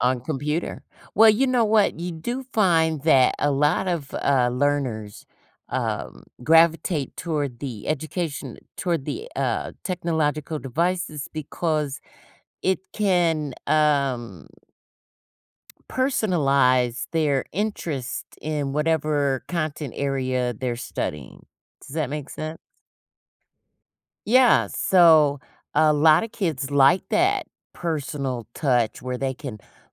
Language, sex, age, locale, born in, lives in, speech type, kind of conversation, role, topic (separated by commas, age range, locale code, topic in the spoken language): English, female, 55-59, en-US, United States, United States, conversation, podcast, guest, What makes students feel inspired and engaged in the classroom?